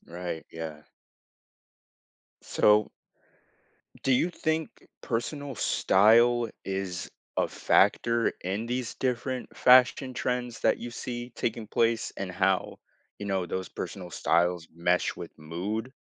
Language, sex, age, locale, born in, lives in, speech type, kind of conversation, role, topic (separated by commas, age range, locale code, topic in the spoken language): English, male, 18-19, en-US, United States, United States, conversation, podcast, host, In what ways do our clothing choices reflect or shape how we feel?